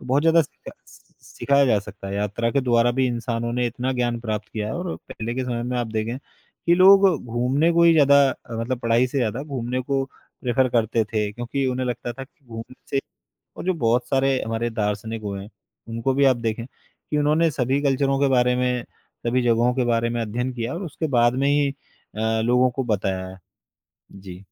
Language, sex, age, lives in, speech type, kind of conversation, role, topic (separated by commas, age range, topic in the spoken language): Hindi, male, 35-39, India, conversation, podcast, guest, यात्रा के दौरान आपने स्थानीय लोगों से जुड़ना कैसे सीखा?